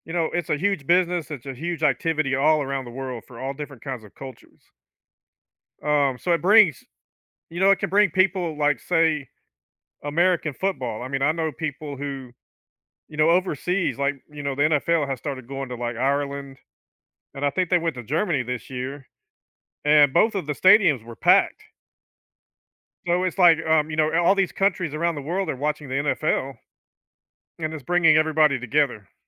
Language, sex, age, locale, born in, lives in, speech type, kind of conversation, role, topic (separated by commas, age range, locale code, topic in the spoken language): English, male, 50-54, en-US, United States, United States, conversation, podcast, guest, Why do you think sports are such a powerful force for building connections among people?